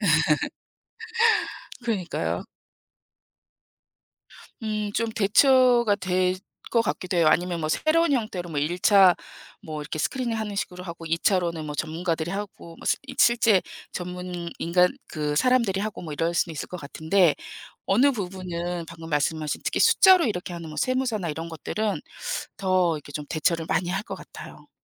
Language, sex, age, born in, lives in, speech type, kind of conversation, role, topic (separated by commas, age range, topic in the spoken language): Korean, female, 55-59, South Korea, United States, conversation, unstructured, none, 과학이 우리 삶을 어떻게 바꾸었다고 생각하시나요?